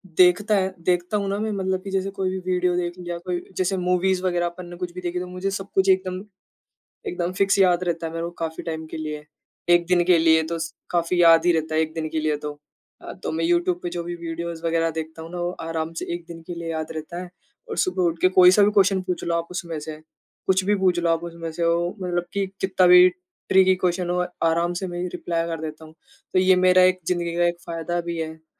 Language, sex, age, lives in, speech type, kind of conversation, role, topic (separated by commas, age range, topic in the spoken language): Hindi, male, 20-24, India, conversation, unstructured, none, आपके लिए किताब पढ़ना अधिक आनंददायक है या संगीत सुनना?